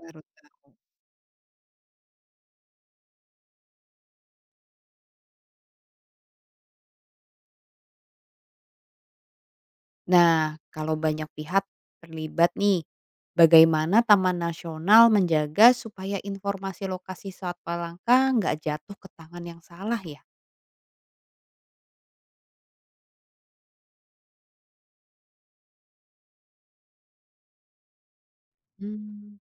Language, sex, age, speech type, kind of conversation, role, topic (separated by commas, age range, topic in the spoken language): Indonesian, female, 35-39, read, expert-assistant, asker, Bagaimana cara kerja taman nasional dalam menjaga satwa liar dari ancaman manusia?